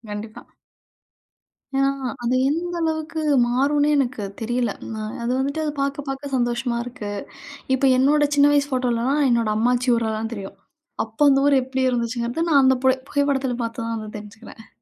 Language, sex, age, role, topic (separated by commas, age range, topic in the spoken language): Tamil, female, 35-39, guest, பழைய குடும்பப் புகைப்படங்கள் உங்களுக்கு என்ன கதையைச் சொல்கின்றன?